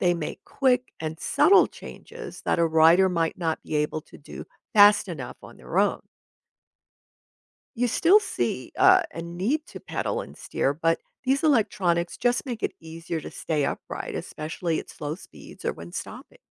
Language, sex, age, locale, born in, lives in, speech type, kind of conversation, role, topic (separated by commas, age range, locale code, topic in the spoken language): English, female, 70-74, en-US, United States, United States, read, expert-assistant, expert, How does a bicycle stay balanced when moving?